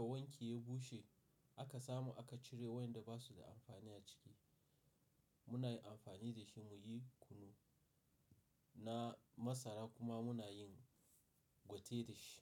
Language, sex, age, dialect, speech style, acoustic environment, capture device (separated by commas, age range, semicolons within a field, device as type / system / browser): Hausa, male, 35-44, Nigeria - Nigerian Standard, excited, nature, mobile / Linux / Chrome